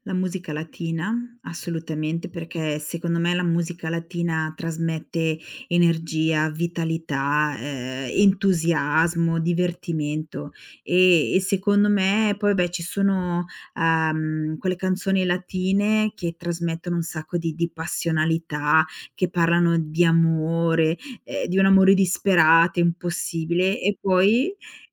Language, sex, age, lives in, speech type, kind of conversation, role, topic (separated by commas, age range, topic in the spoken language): Italian, female, 45-49, Italy, conversation, podcast, guest, Che playlist prepareresti per un viaggio con amici?